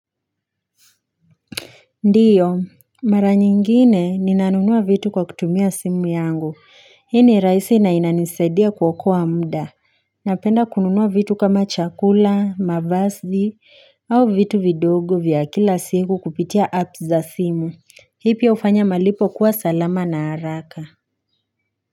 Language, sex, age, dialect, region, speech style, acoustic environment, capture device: Swahili, female, 18-24, Kenya - Nairobi Sheng-influenced, Kenya, happy, silence, mobile / Linux / Chrome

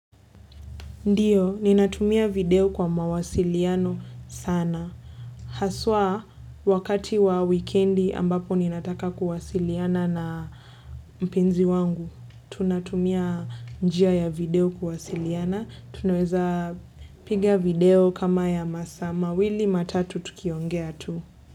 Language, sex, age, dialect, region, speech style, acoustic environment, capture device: Swahili, female, 18-24, Kenya - Nairobi Sheng-influenced, Kenya, relaxed, silence, desktop / Linux / Chrome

